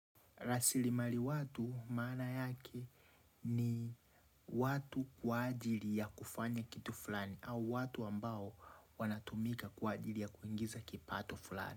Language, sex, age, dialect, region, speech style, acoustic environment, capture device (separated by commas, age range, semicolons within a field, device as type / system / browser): Swahili, male, 25-34, Tanzania - Dar es Salaam, Tanzania, relaxed, nature, mobile / Linux / Chrome